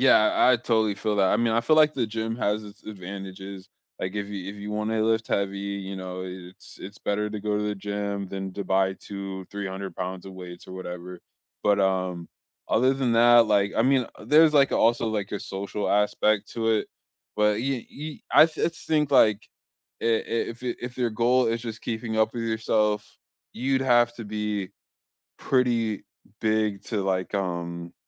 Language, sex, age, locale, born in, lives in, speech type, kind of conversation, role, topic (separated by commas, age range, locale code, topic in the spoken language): English, male, 25-29, en-US, United States, United States, conversation, unstructured, none, What motivates you to stay active regularly?